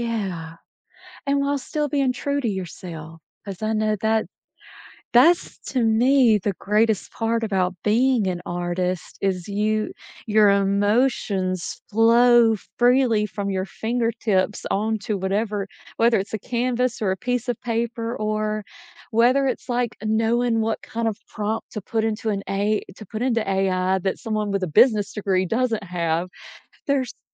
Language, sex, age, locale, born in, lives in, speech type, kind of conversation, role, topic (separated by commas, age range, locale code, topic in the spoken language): English, female, 40-44, en-US, United States, United States, conversation, advice, advisor, How can I stop feeling overwhelmed and get my daily responsibilities under control?